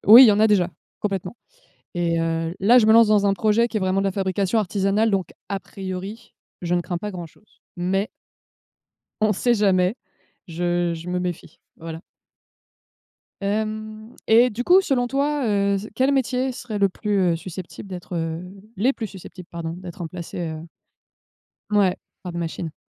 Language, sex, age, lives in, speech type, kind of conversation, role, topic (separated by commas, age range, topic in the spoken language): French, female, 30-34, France, conversation, unstructured, none, Avez-vous peur de perdre votre emploi à cause des machines ?